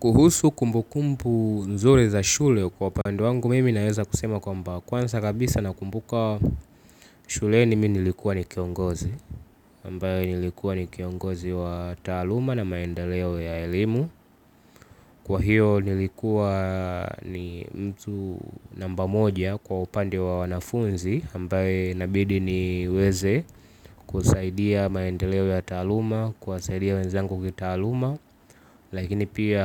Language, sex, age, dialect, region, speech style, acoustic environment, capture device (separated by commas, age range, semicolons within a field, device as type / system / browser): Swahili, male, 18-24, Tanzania - Dar es Salaam, Tanzania, relaxed; focused, silence, mobile / Linux / Chrome